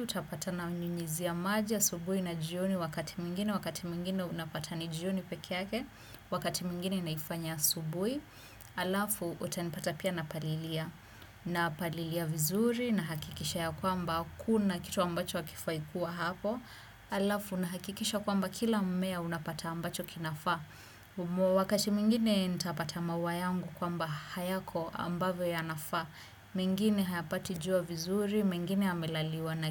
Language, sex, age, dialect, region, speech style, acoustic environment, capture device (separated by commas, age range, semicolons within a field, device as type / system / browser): Swahili, female, 25-34, Kenya - Nairobi Sheng-influenced, Kenya, nervous; excited; focused, silence; appliances, desktop / Windows / Chrome